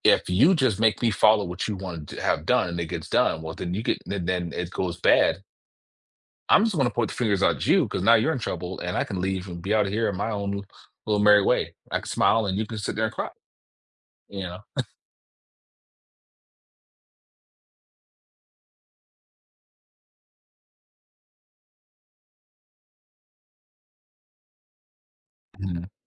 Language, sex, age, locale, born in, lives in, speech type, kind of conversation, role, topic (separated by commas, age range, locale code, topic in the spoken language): English, male, 35-39, en-US, Germany, United States, conversation, podcast, guest, How do our personal experiences shape what we see as fair in everyday life?